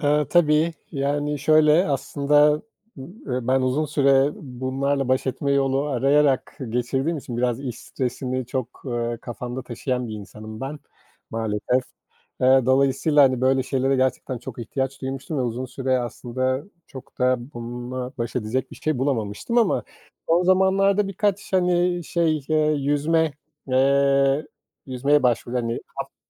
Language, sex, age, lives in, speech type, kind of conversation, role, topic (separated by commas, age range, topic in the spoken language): Turkish, male, 40-44, Portugal, conversation, podcast, guest, Stresle baş etmene hangi hobiler yardımcı oluyor, örnek verebilir misin?